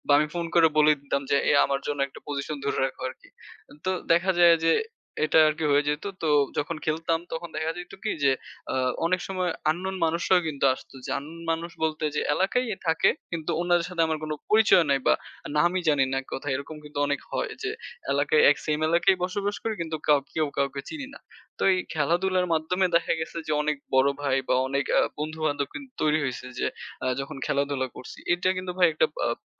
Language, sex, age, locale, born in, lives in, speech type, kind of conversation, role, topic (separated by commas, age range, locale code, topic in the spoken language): Bengali, male, 20-24, bn-BD, Bangladesh, Bangladesh, conversation, unstructured, none, ছোটবেলায় তোমার প্রিয় খেলা কী ছিল?